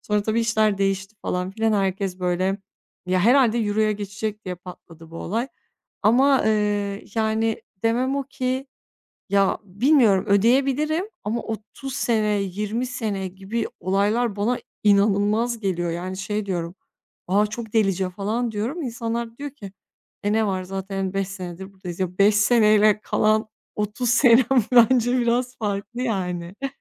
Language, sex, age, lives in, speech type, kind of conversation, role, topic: Turkish, female, 30-34, Bulgaria, conversation, advice, user, Ev almak mı, kiralamak mı daha doğru bir karar?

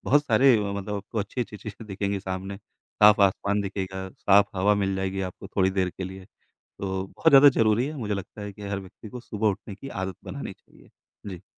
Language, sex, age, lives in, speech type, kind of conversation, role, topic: Hindi, male, 35-39, India, conversation, podcast, guest, पहाड़ों में सुबह की सैर ने आपका नज़रिया कैसे बदला?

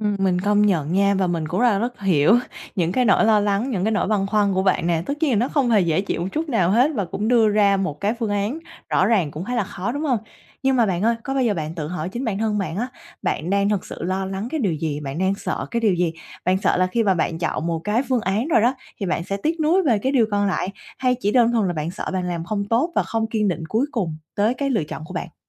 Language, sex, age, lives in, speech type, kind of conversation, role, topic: Vietnamese, female, 25-29, Vietnam, conversation, advice, advisor, Làm sao để cân bằng giữa mục tiêu ngắn hạn và mục tiêu dài hạn?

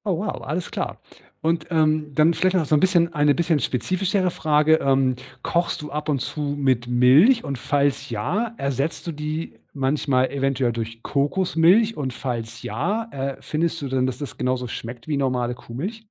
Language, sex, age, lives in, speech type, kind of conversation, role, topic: German, male, 40-44, Germany, conversation, podcast, host, Wie gehst du vor, wenn du beim Kochen ein Rezept einfach improvisierst?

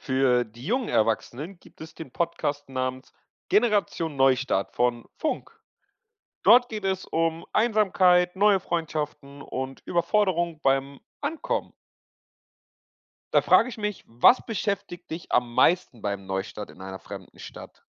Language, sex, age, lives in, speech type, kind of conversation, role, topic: German, male, 20-24, Portugal, read, expert-assistant, expert, Kennst du spannende Podcasts oder Webseiten, auf denen man erfährt, wie Dinge wie Arbeit, Wohnen oder Bildung unsere Gesundheit beeinflussen?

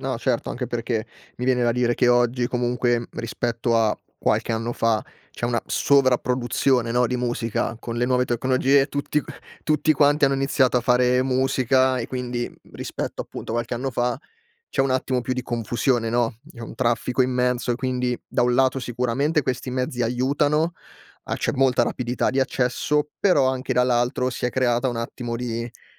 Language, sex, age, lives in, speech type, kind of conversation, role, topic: Italian, male, 25-29, Italy, conversation, podcast, host, Come scoprivi musica nuova quando eri adolescente?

